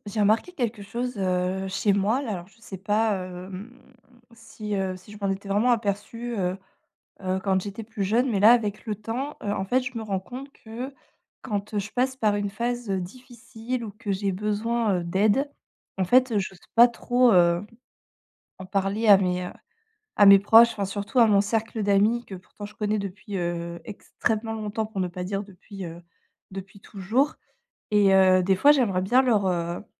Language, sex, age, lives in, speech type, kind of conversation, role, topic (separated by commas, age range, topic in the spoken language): French, female, 35-39, France, conversation, advice, user, Comment puis-je partager mes difficultés avec mes proches sans me sentir jugé ?